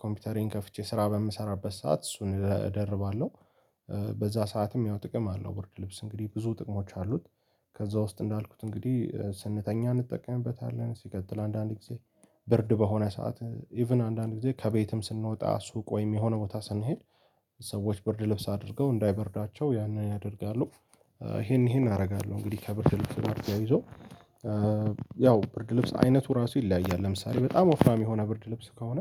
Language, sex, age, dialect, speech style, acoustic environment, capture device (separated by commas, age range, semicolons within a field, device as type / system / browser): Amharic, male, 18-24, Ethiopia - Addis Ababa, happy; anxious; tired; relaxed, people talking; music; nature, mobile / Linux / Chrome